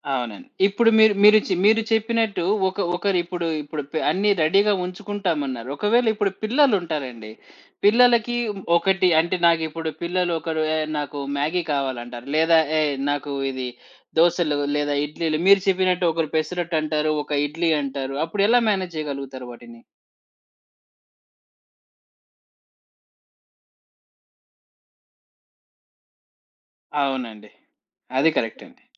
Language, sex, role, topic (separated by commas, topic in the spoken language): Telugu, male, host, అతిథుల కోసం ఇంటిని సిద్ధం చేసుకోవడానికి మీరు పాటించే సులభమైన చిట్కాలు ఏమిటి?